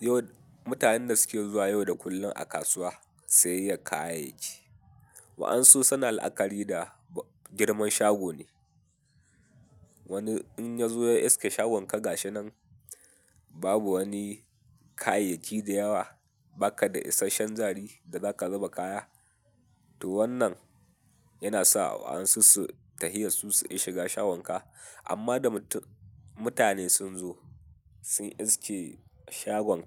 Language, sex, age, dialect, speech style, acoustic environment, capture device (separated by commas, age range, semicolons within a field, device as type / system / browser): Hausa, male, 18-24, Nigeria - Katsina, happy, nature, mobile / Linux / Chrome